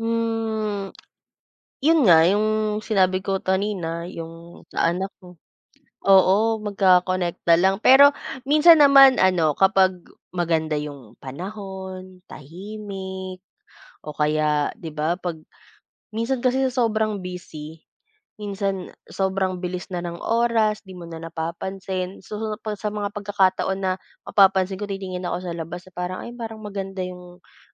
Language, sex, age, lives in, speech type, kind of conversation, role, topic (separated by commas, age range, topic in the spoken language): Filipino, female, 25-29, Philippines, conversation, unstructured, none, Paano mo pinapahalagahan ang mga simpleng bagay sa buhay?